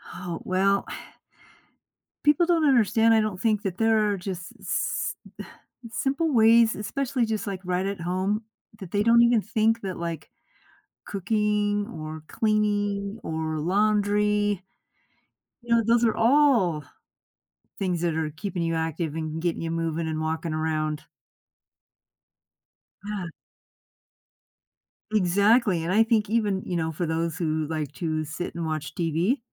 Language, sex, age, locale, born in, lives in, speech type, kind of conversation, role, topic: English, female, 55-59, en-US, United States, United States, conversation, podcast, guest, How can we make staying active a natural part of our daily lives?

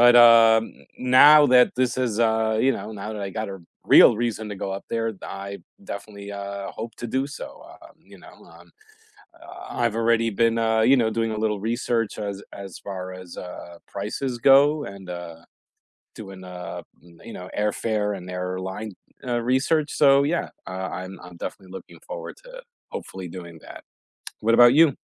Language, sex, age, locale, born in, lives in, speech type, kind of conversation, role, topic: English, male, 45-49, en-US, United States, United States, conversation, unstructured, none, Which places do you hope to visit within the next year?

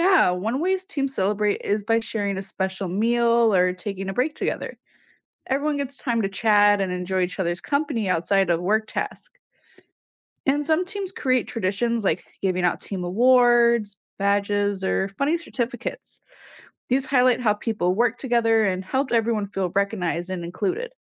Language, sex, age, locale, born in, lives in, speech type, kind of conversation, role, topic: English, female, 20-24, en-US, United States, United States, read, expert-assistant, expert, What are some interesting ways people celebrate teamwork and cooperation?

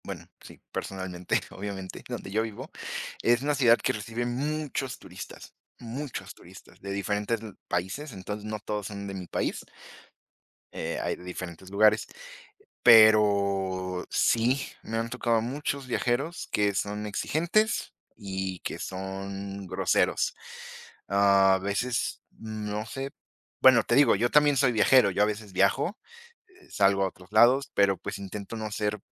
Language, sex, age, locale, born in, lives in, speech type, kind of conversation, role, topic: Spanish, female, 20-24, es-MX, Mexico, Mexico, conversation, unstructured, none, ¿Te parece que los viajeros a veces son demasiado exigentes o groseros?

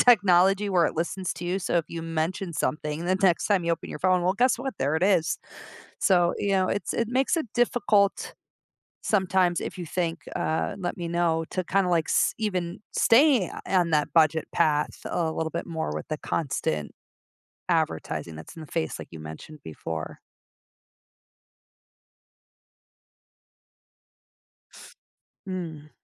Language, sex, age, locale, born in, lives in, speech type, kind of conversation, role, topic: English, female, 40-44, en-US, United States, United States, conversation, podcast, host, How do you find a healthy balance between enjoying the present and planning for your financial future?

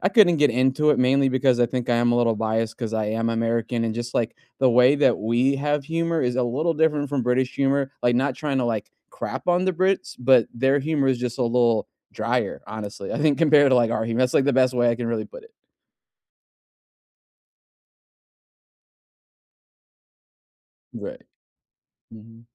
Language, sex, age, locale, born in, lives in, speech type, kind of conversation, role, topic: English, male, 30-34, en-US, United States, United States, conversation, unstructured, none, What TV show do you always recommend to friends?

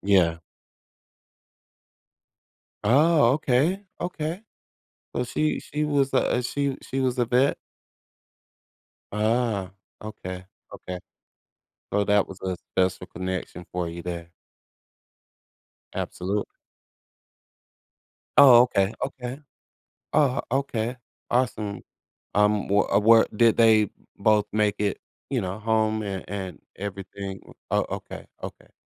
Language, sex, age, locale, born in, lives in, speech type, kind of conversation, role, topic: English, male, 40-44, en-US, United States, United States, conversation, podcast, host, What makes a story emotionally resonant?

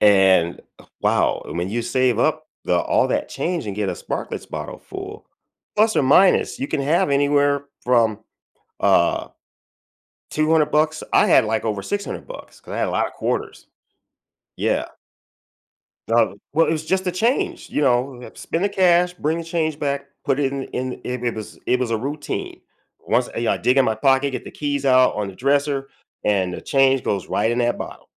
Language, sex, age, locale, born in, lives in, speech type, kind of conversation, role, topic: English, male, 55-59, en-US, United States, United States, conversation, podcast, host, What are some habits that can lead to financial problems, and how can we avoid them?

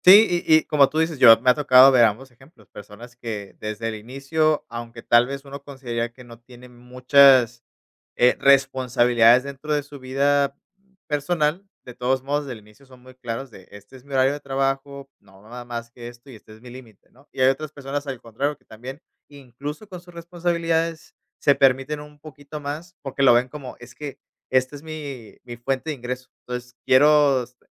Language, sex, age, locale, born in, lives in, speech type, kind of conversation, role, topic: Spanish, male, 30-34, es-MX, Mexico, Mexico, conversation, podcast, host, ¿Cómo crear límites saludables entre el trabajo y la vida personal?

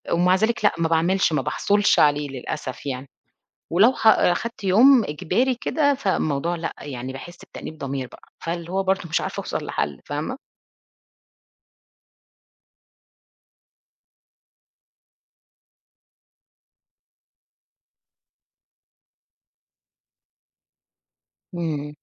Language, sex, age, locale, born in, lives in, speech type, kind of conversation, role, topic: Arabic, female, 40-44, ar-EG, Egypt, Portugal, conversation, advice, user, ليه ببالغ في نقدي لنفسي وبفضل أأنّب ضميري طول الوقت؟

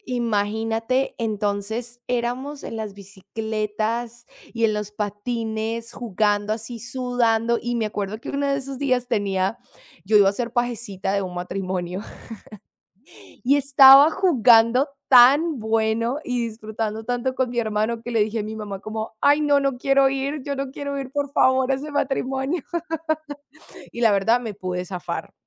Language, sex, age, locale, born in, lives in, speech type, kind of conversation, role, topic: Spanish, female, 25-29, es-CO, Colombia, United States, conversation, unstructured, none, ¿Cuál es tu recuerdo más feliz de la infancia?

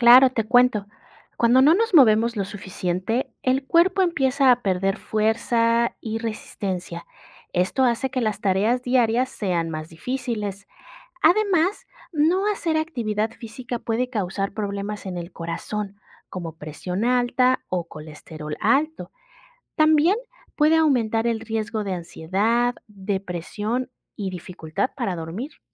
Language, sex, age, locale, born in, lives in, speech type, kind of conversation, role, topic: Spanish, female, 35-39, es-MX, Mexico, Mexico, read, expert-assistant, expert, ¿Cómo afecta la falta de actividad física a la salud?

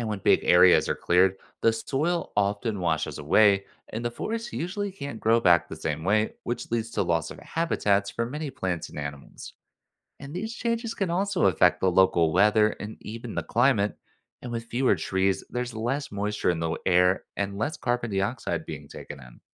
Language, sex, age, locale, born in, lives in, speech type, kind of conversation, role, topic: English, male, 25-29, en-US, United States, United States, read, expert-assistant, expert, Why do some rainforest trees grow taller than others?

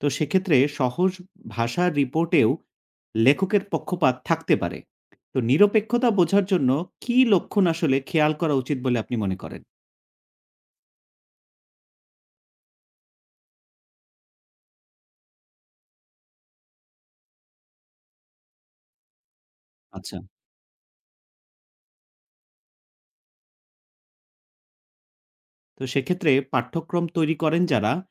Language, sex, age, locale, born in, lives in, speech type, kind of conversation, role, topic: Bengali, male, 35-39, bn-BD, Bangladesh, Finland, read, expert-assistant, asker, নতুন পাঠ্যক্রম বানানোর সময় আমরা যেসব তথ্য বা পরামর্শ শুনি, সেগুলো আসলেই কতটা নির্ভরযোগ্য—কীভাবে বুঝব?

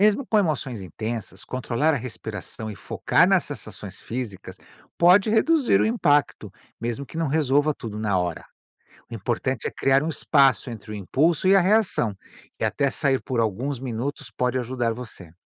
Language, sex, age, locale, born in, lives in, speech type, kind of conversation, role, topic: Portuguese, male, 55-59, pt-BR, Brazil, United States, read, expert-assistant, expert, O que realmente funciona para não se deixar levar por emoções negativas no dia a dia?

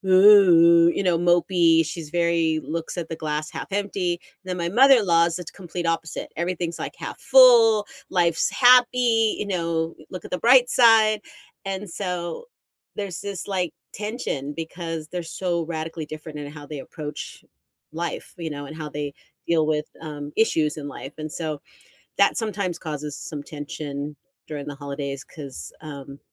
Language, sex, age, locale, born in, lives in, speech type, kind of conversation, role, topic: English, female, 55-59, en-US, United States, United States, conversation, unstructured, none, How should I handle family disagreements during the holidays?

